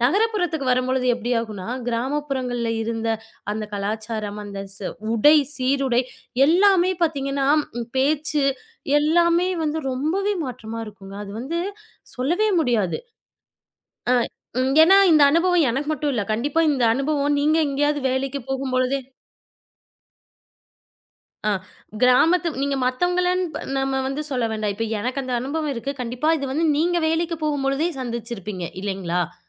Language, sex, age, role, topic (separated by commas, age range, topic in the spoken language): Tamil, female, 25-29, guest, உங்கள் உண்மை அடையாளத்தை நீங்கள் எப்படிக் கண்டுபிடித்தீர்கள்?